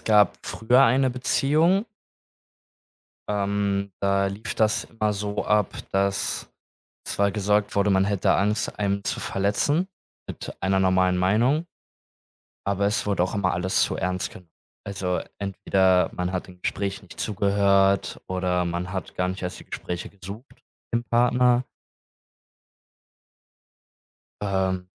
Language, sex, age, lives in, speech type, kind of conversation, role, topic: German, male, 20-24, Germany, conversation, podcast, guest, Was bedeutet Vertrauen in einer Beziehung für dich?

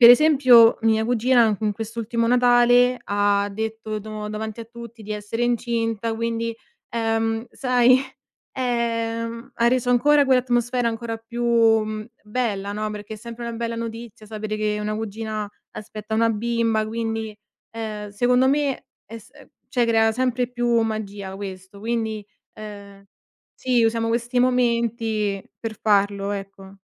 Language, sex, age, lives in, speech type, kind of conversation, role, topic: Italian, female, 25-29, Italy, conversation, podcast, guest, Qual è una cena che hai preparato per altre persone e che ricordi bene?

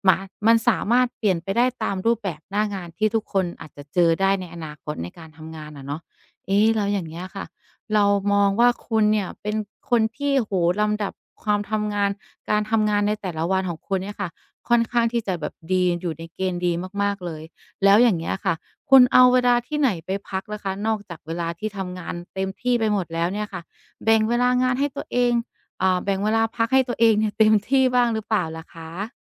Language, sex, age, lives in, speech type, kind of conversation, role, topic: Thai, female, 35-39, Thailand, conversation, podcast, host, ตอนเช้าคุณใช้อะไรช่วยวางแผนวันทำงานบ้าง?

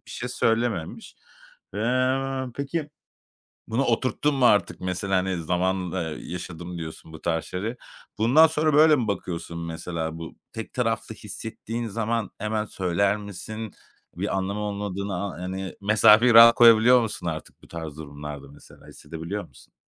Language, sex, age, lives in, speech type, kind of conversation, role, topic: Turkish, male, 35-39, Spain, conversation, advice, advisor, Dostlukta tek taraflı emek ve çaba dengesizliği hissi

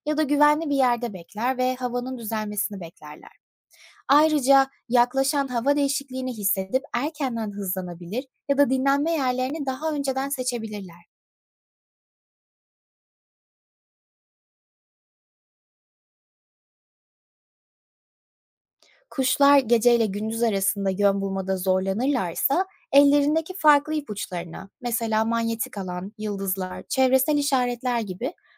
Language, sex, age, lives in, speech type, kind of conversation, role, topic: Turkish, female, 25-29, United States, read, expert-assistant, expert, Kuşlar nasıl yön bulur?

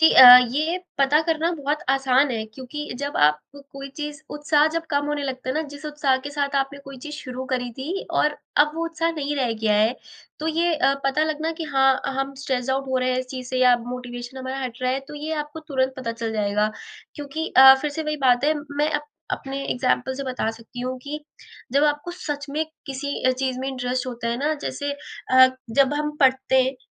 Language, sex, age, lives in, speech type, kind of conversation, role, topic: Hindi, female, 20-24, India, conversation, podcast, guest, जब प्रेरणा गायब हो जाती है, तो आप उसे वापस पाने के लिए कौन-सा तरीका अपनाते हैं?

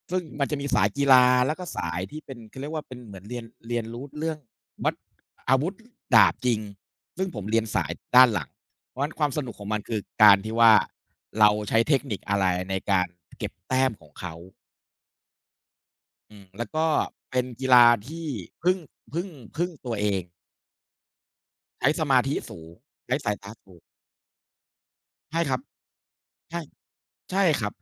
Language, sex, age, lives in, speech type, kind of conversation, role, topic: Thai, male, 40-44, Thailand, conversation, unstructured, none, คุณคิดว่าการเล่นกีฬาในร่มหรือกลางแจ้งสนุกกว่ากัน?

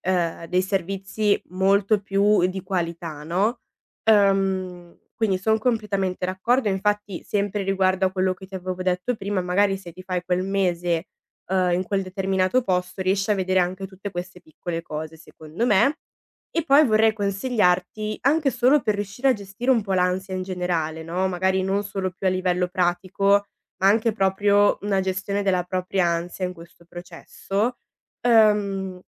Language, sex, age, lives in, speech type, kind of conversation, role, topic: Italian, female, 20-24, Italy, conversation, advice, advisor, Come posso gestire l’ansia nel decidere se trasferirmi o cambiare stile di vita?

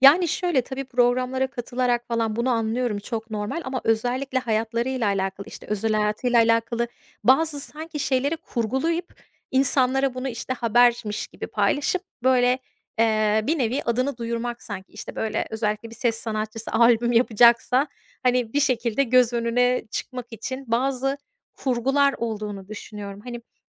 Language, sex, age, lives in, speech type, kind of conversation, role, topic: Turkish, female, 35-39, United States, conversation, unstructured, none, Ünlülerin özel hayatlarına yapılan müdahaleler sizce nerede durmalı?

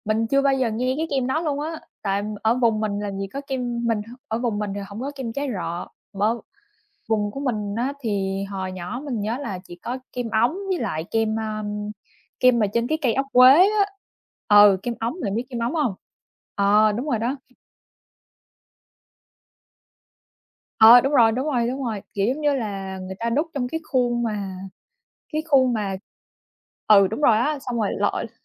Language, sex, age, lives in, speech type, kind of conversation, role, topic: Vietnamese, female, 25-29, United States, conversation, unstructured, none, Món ăn nào khiến bạn nhớ về tuổi thơ nhất?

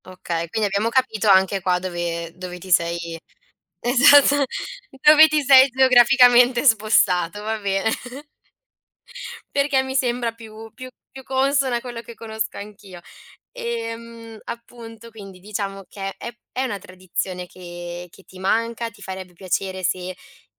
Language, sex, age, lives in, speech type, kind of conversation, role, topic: Italian, female, 20-24, Italy, conversation, podcast, host, Qual è un cibo di strada che porti nel cuore?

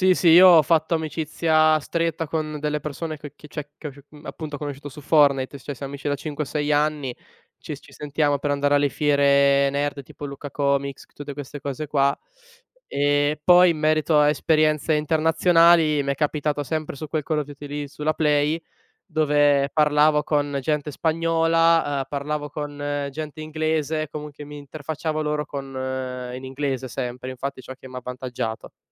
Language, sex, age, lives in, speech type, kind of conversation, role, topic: Italian, male, 20-24, Italy, conversation, podcast, guest, Come è nata la tua passione per questo hobby?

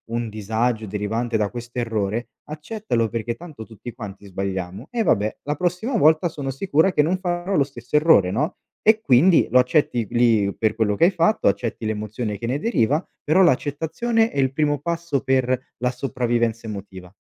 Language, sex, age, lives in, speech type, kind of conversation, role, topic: Italian, male, 25-29, Italy, conversation, advice, advisor, Come posso procedere se ho paura di fare la scelta sbagliata per il mio futuro?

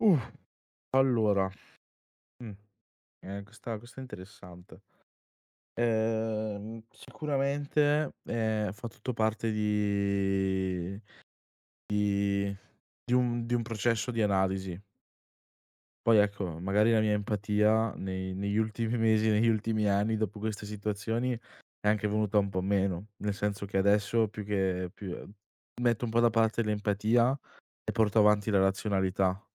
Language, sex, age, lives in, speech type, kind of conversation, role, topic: Italian, male, 20-24, Italy, conversation, podcast, guest, Quali piccoli gesti aiutano a sentirsi più connessi agli altri?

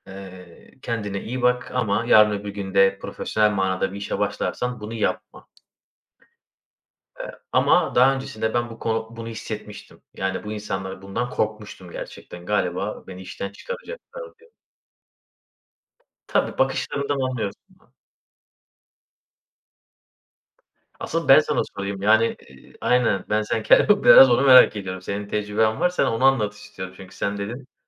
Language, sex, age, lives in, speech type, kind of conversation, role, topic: Turkish, male, 30-34, Bulgaria, conversation, unstructured, none, İşten çıkarılma korkusu seni nasıl etkiliyor?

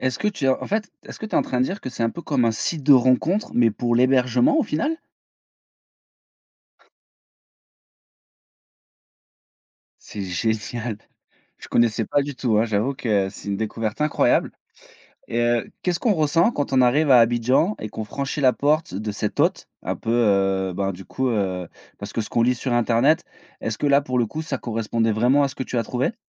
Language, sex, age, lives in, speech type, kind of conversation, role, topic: French, male, 35-39, France, conversation, podcast, host, As-tu déjà été invité·e chez quelqu’un à l’étranger ?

